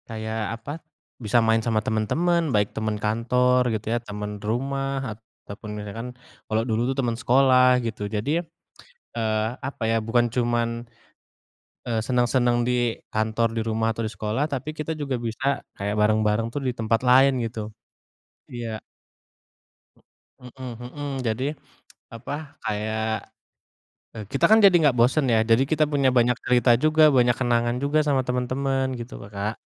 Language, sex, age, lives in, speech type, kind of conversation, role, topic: Indonesian, male, 25-29, Indonesia, conversation, unstructured, none, Apa pengalaman paling menyenangkan yang pernah kamu dapatkan dari hobimu?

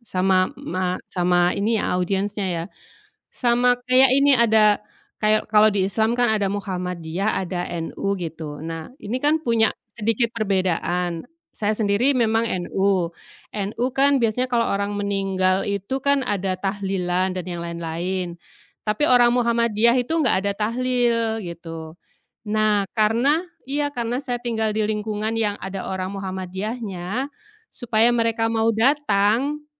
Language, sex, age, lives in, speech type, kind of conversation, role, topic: Indonesian, female, 45-49, Indonesia, conversation, unstructured, none, Bagaimana agama dan budaya saling memengaruhi satu sama lain?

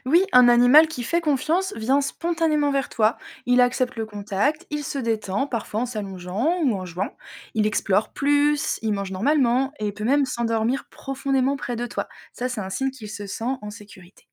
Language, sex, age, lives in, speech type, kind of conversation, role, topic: French, female, 30-34, France, read, expert-assistant, expert, Penses-tu que les animaux ont leur propre façon de voir le monde ? Comment imagines-tu la vie d’un animal familier ?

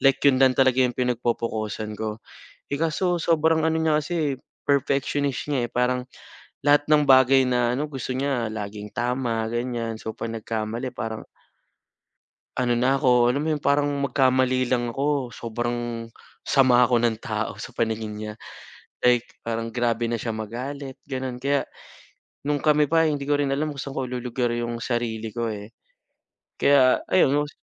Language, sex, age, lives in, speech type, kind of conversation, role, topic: Filipino, male, 25-29, Philippines, conversation, advice, user, Paano mo nararamdaman at hinaharap ang pagkakasala o pagsisisi matapos ang hiwalayan?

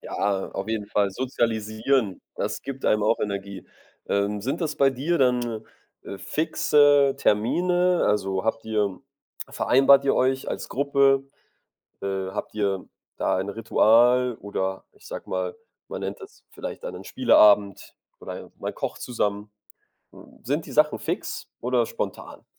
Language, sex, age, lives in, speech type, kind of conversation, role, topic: German, male, 25-29, Germany, conversation, podcast, host, Welche einfachen Dinge geben dir sofort mehr Energie?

